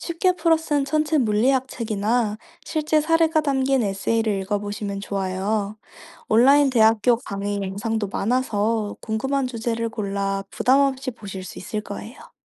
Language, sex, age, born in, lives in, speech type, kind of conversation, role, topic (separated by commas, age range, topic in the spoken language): Korean, female, 25-29, South Korea, France, read, expert-assistant, expert, 천체물리학에 대해 더 잘 이해하려면 일상에서 어떤 방식으로 호기심을 키워볼 수 있을까요?